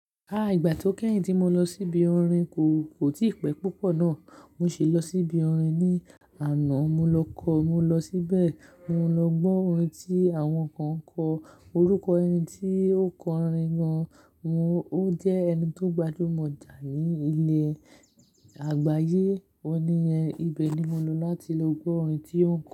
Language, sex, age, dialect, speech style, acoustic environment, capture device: Yoruba, male, 18-24, Nigeria - Lagos Yoruba, relaxed; happy; focused, nature; silence, mobile / Linux / Chrome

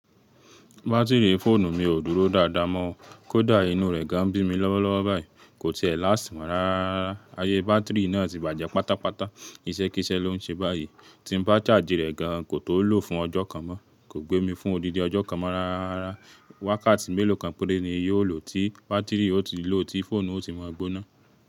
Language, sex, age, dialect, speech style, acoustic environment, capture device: Yoruba, male, 25-34, Nigeria - Ibadan, relaxed, silence; appliances, mobile / Linux / Chrome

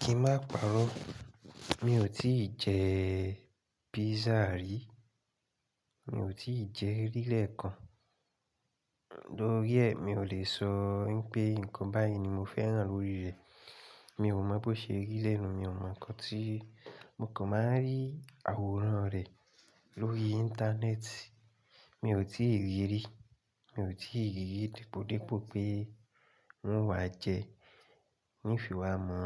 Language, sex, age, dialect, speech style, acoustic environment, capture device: Yoruba, male, 25-34, Nigeria - Ibadan, relaxed, silence, mobile / Linux / Chrome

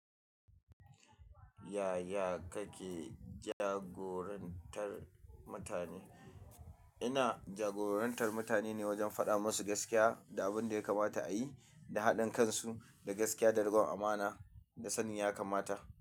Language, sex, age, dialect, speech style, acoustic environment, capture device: Hausa, male, 25-34, Nigeria - Kano, stressed, silence, mobile / Linux / Chrome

